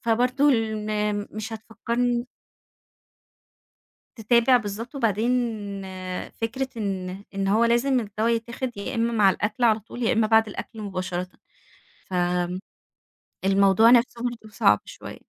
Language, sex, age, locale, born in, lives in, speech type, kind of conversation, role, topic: Arabic, female, 30-34, ar-EG, Egypt, Egypt, conversation, advice, user, إزاي أقدر أبطل نسيان أو تخطي الأدوية أو المكملات اللي باخدها كل يوم؟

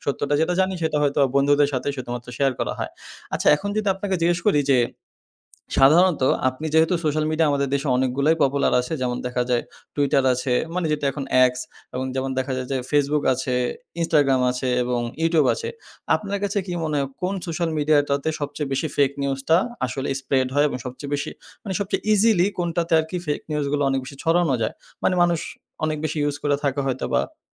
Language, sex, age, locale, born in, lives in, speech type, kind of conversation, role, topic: Bengali, male, 20-24, bn-BD, Bangladesh, Bangladesh, conversation, podcast, host, ভুয়া খবর দেখলে আপনি কী করেন, এবং কেন করেন?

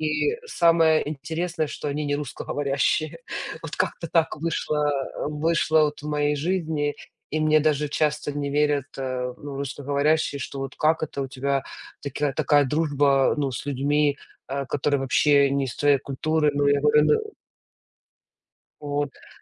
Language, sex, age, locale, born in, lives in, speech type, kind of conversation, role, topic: Russian, female, 35-39, ru-UA, Ukraine, United States, conversation, unstructured, none, Бывали ли моменты, когда ты чувствовал себя потерянным?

